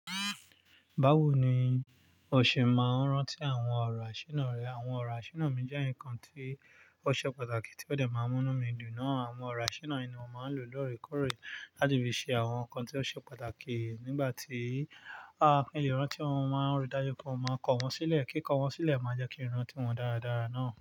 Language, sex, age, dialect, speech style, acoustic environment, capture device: Yoruba, male, 25-34, Nigeria - Nigerian Standard, focused, nature, mobile / Linux / Chrome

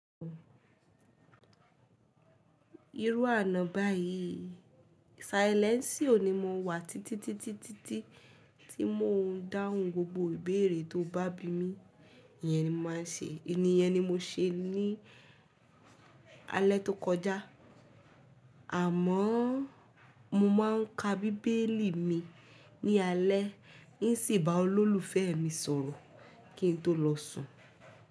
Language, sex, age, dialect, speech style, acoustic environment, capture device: Yoruba, female, 18-24, Nigeria - Ibadan, relaxed; focused, music; people talking; nature; silence; appliances, mobile / Linux / Chrome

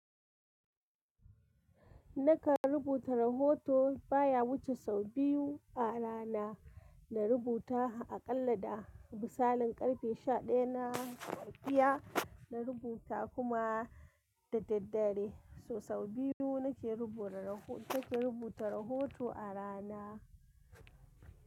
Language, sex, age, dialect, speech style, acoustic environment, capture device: Hausa, female, 25-34, Nigeria - Kano, happy, construction, mobile / Linux / Chrome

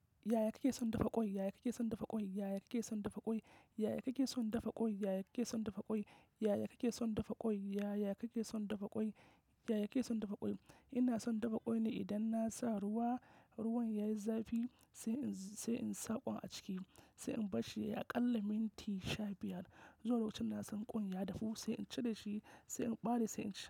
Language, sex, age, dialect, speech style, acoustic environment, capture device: Hausa, male, 25-34, Nigeria - Kano, focused, nature; silence, mobile / macOS / Safari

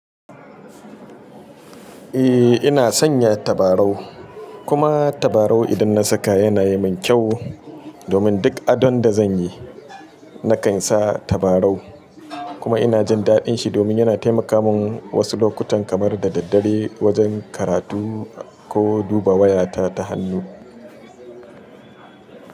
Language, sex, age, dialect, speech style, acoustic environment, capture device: Hausa, male, 35-44, Nigeria - Kano, happy, people talking, mobile / Linux / Chrome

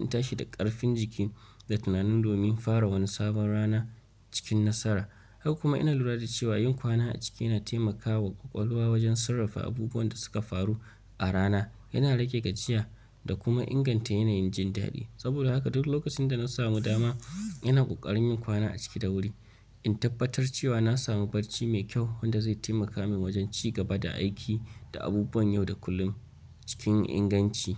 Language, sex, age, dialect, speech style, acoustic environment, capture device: Hausa, male, 25-34, Nigeria - Nigerian Standard, relaxed; focused, nature; appliances, mobile / Linux / Chrome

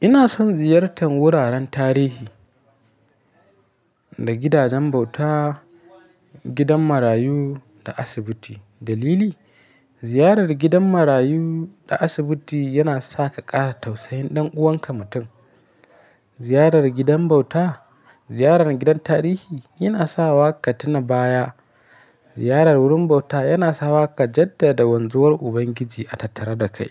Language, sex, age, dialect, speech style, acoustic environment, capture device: Hausa, male, 25-34, Nigeria - Kano, happy; anxious, silence; nature, mobile / Linux / Chrome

